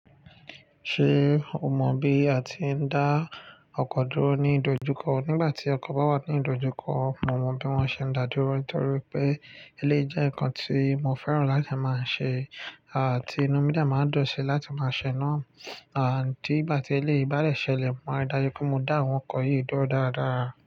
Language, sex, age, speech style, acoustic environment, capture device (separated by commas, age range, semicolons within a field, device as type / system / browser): Yoruba, male, 25-34, focused, nature, mobile / Linux / Chrome